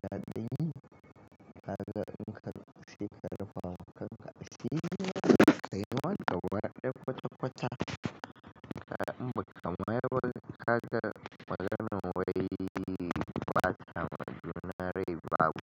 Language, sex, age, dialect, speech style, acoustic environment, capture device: Hausa, male, 25-34, Nigeria - Kano, happy, traffic; construction; appliances; nature; people talking, mobile / Linux / Chrome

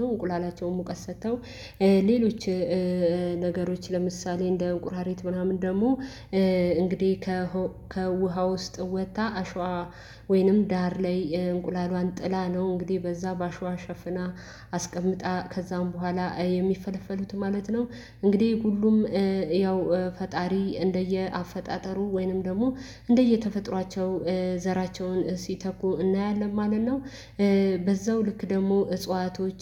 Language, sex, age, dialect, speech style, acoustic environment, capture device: Amharic, female, 25-34, Ethiopia - Addis Ababa, happy, silence, mobile / Linux / Chrome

